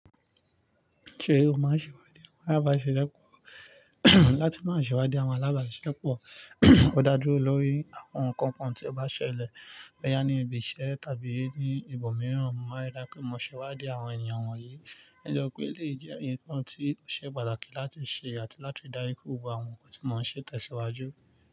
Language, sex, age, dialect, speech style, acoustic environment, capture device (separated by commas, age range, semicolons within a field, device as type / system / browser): Yoruba, male, 25-34, Nigeria - Nigerian Standard, focused, nature, mobile / Linux / Chrome